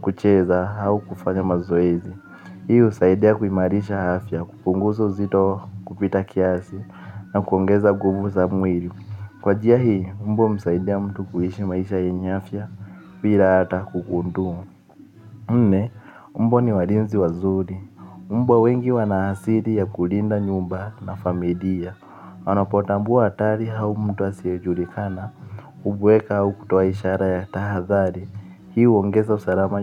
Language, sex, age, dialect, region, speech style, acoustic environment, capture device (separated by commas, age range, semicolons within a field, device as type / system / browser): Swahili, male, 18-24, Kenya - Nairobi Sheng-influenced, Kenya, focused; relaxed, people talking; nature, mobile / Linux / Chrome